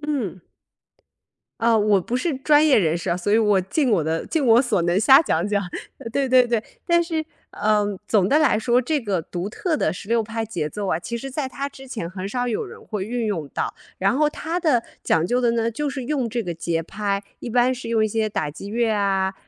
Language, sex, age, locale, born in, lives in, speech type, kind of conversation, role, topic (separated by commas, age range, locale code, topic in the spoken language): Chinese, female, 30-34, zh-CN, China, United States, conversation, podcast, guest, 哪首歌会让你想起某次旅行中的一个片段？